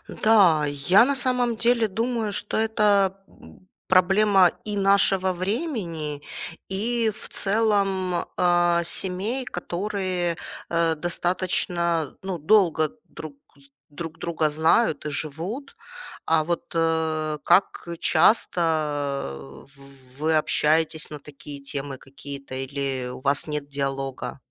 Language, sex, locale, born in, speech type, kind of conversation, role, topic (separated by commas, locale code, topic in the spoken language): Russian, female, ru-RU, Ukraine, conversation, advice, advisor, Как найти баланс между личным пространством и совместным временем в новых отношениях?